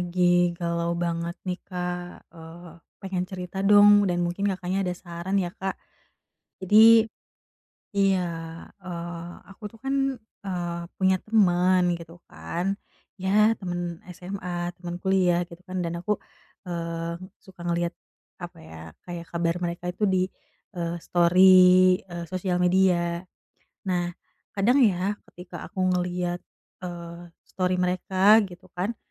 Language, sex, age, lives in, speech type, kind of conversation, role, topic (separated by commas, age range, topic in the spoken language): Indonesian, female, 30-34, Indonesia, conversation, advice, user, Mengapa saya sering membandingkan kemampuan saya dengan orang lain?